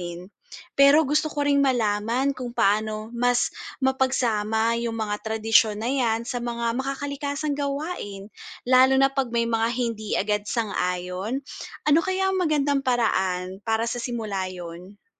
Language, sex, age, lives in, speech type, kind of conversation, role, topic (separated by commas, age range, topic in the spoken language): Filipino, female, 30-34, Philippines, read, expert-assistant, asker, Paano Pinagsasama ang Makakalikasang Pagsasaka at Tradisyon sa Pamilya?